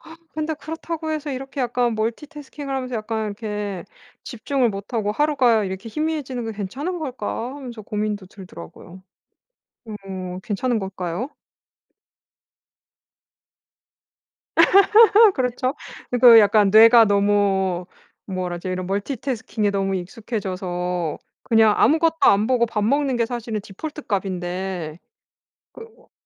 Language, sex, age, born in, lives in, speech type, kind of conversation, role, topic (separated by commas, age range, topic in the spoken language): Korean, female, 35-39, South Korea, France, conversation, advice, user, 하루 동안 집중력을 더 오래 유지하려면 어떻게 해야 하나요?